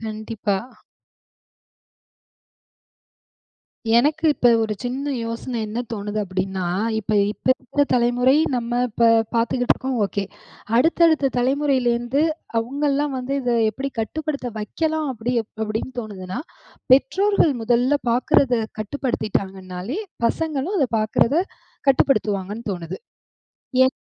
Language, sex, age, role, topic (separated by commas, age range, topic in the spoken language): Tamil, female, 35-39, host, நீங்கள் தினசரி திரை நேரத்தை எப்படிக் கட்டுப்படுத்துகிறீர்கள்?